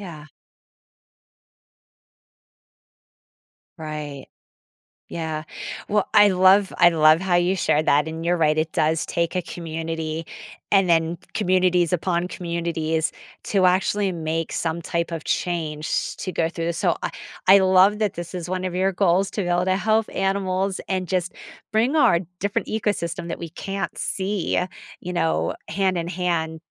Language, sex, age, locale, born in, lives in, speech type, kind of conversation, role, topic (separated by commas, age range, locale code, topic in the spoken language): English, female, 45-49, en-US, United States, United States, conversation, podcast, host, What helps you stay motivated and focused on your goals?